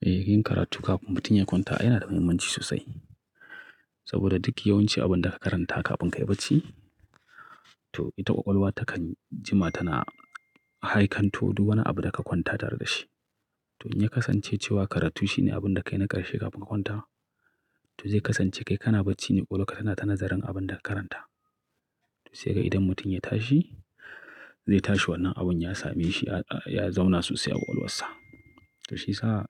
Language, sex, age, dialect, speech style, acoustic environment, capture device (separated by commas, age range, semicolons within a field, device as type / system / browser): Hausa, male, 35-44, Nigeria - Kano, excited, nature, mobile / Linux / Chrome